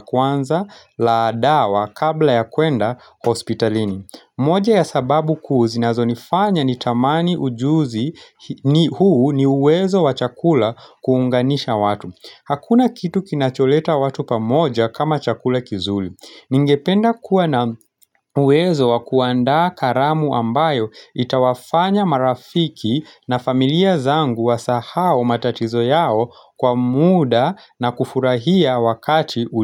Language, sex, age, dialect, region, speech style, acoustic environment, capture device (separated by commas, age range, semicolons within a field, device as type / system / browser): Swahili, male, 25-34, Kenya - Nairobi Sheng-influenced, Kenya, relaxed, nature, mobile / Linux / Chrome